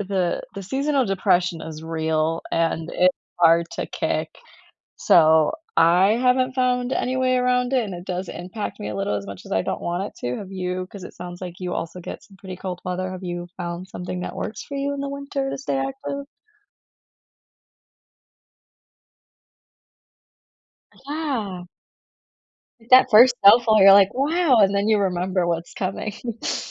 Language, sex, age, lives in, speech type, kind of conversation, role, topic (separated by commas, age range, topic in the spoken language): English, female, 25-29, United States, conversation, unstructured, none, How do you incorporate nearby nature into your daily life where you live, and what does it give you?